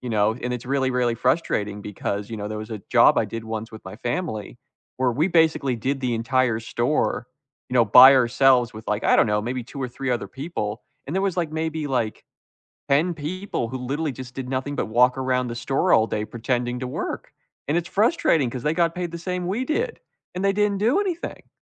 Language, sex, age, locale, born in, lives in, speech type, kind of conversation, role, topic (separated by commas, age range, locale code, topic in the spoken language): English, male, 20-24, en-US, United States, United States, conversation, unstructured, none, What is the biggest frustration you have had in a job?